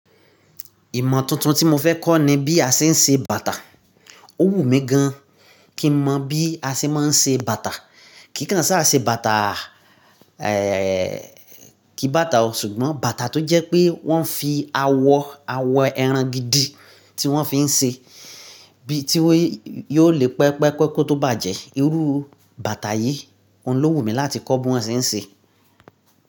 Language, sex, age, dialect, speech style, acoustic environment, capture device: Yoruba, male, 35-44, Nigeria - Nigerian Standard, relaxed, silence, mobile / Linux / Chrome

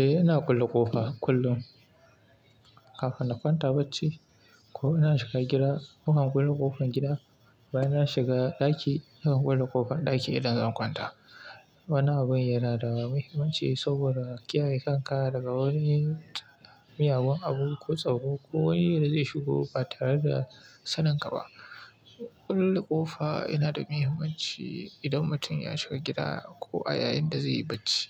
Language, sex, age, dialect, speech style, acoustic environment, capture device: Hausa, male, 25-34, Nigeria - Kano, happy; relaxed, appliances; silence, mobile / Linux / Chrome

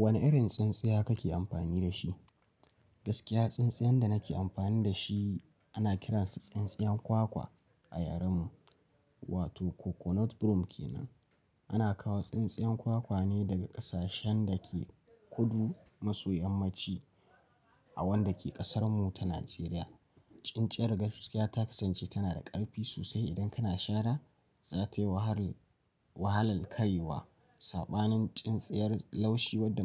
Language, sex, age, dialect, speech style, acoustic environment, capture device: Hausa, male, 18-24, Nigeria - Nigerian Standard, relaxed; focused, silence, mobile / Linux / Chrome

